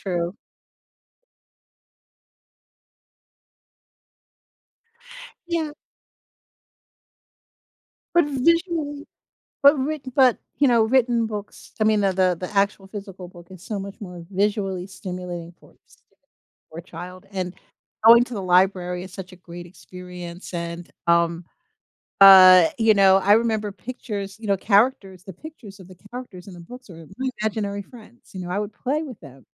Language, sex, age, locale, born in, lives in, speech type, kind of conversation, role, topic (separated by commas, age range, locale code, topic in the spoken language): English, female, 60-64, en-US, United States, United States, conversation, unstructured, none, How has technology changed the way we experience reading?